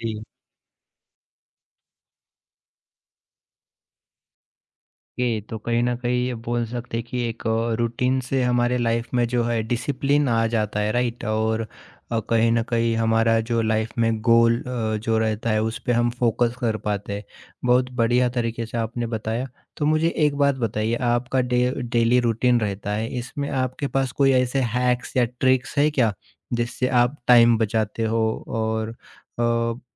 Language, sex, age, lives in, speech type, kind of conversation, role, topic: Hindi, male, 30-34, India, conversation, podcast, host, Daily ghar ko jaldi se saaf-suthra rakhne ka tumhara routine kya hota hai?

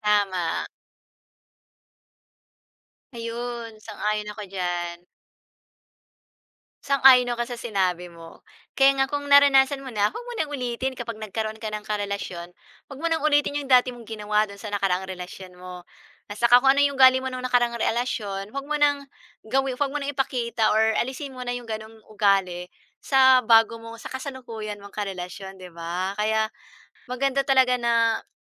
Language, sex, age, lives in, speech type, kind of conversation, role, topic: Filipino, female, 40-44, Philippines, conversation, unstructured, none, Ano ang pinakamahalagang aral na natutunan mo mula sa iyong mga nakaraang relasyon?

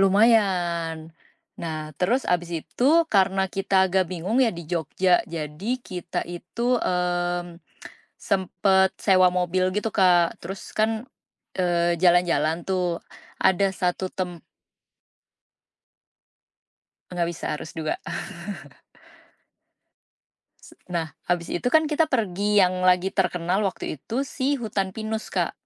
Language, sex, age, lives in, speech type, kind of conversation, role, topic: Indonesian, female, 35-39, Indonesia, conversation, podcast, guest, Apa hal spontan paling seru yang pernah kamu lakukan?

